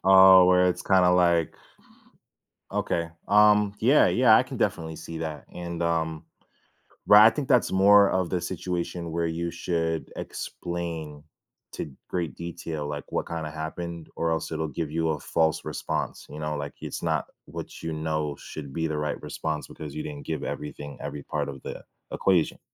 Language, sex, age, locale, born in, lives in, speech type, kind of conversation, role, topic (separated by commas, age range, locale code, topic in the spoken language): English, male, 25-29, en-US, United States, United States, conversation, podcast, guest, How do you approach making difficult decisions when you feel uncertain?